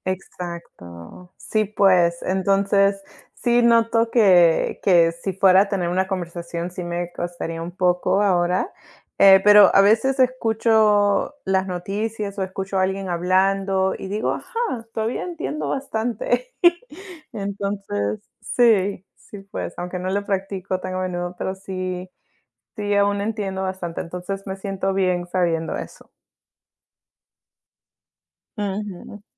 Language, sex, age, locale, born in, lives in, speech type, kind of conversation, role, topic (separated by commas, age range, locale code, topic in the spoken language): Spanish, female, 30-34, es-PE, United States, United States, conversation, unstructured, none, ¿Qué te motiva a seguir practicando un pasatiempo?